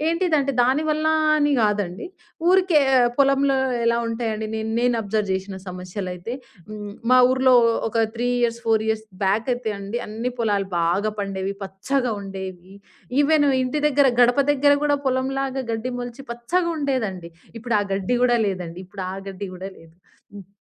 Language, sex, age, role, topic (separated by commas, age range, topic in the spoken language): Telugu, female, 20-24, guest, మీ ఊరిలో నేల, నీరు, గాలి సంబంధిత సమస్యలు ఎలా కనిపిస్తున్నాయి?